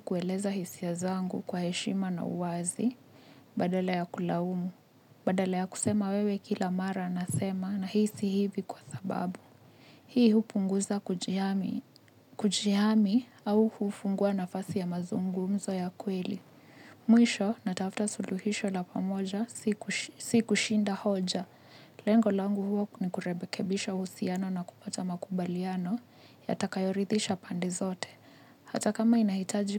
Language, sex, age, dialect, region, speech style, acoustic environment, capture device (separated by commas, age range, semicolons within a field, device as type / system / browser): Swahili, female, 25-34, Kenya - Nairobi Sheng-influenced, Kenya, happy, nature, mobile / Linux / Chrome